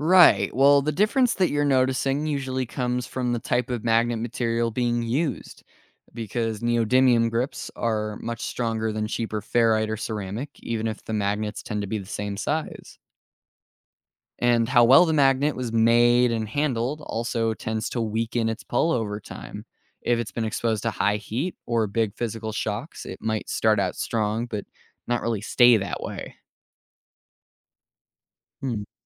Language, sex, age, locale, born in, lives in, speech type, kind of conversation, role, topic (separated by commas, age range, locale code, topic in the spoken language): English, male, 18-19, en-US, United States, United States, read, expert-assistant, expert, How do magnets work and what are they used for?